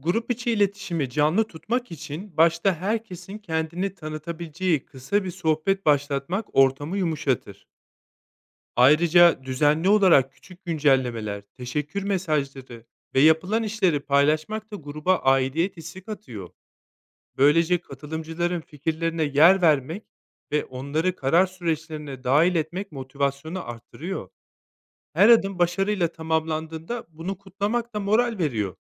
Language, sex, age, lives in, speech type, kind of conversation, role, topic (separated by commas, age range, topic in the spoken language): Turkish, male, 30-34, Spain, read, expert-assistant, expert, Bir parkta ağaçlandırma kampanyası nasıl başlatılır ve sürdürülebilir kılınır?